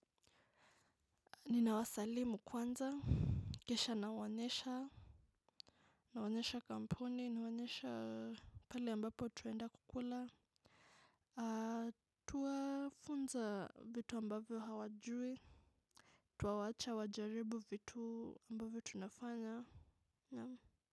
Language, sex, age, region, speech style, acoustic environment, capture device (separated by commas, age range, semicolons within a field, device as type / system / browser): Swahili, female, 25-34, Kenya, focused, silence, mobile / macOS / Safari